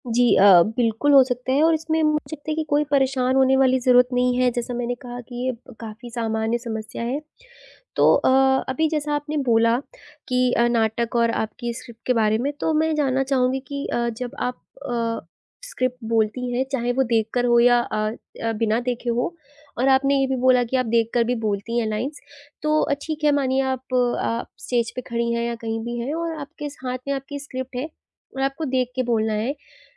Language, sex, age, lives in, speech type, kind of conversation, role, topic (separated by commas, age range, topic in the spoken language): Hindi, female, 20-24, India, conversation, advice, advisor, एकाग्रता बनाए रखने में कठिनाई